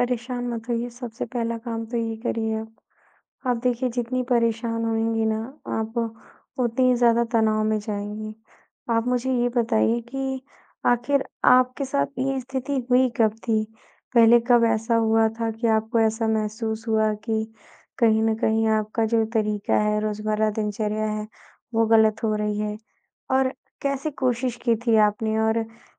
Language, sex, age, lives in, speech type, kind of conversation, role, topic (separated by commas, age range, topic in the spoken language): Hindi, female, 20-24, India, conversation, advice, advisor, रोज़ पढ़ने या नया सीखने की आदत टिक क्यों नहीं पा रही है?